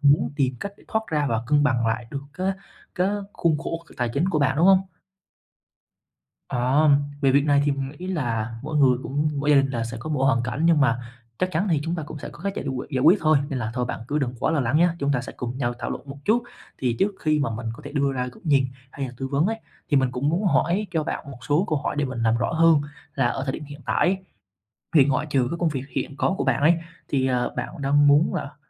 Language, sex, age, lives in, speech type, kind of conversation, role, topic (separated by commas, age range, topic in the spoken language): Vietnamese, male, 20-24, Vietnam, conversation, advice, advisor, Làm thế nào để hỗ trợ tài chính cho gia đình mà không ảnh hưởng đến quỹ cá nhân của bạn?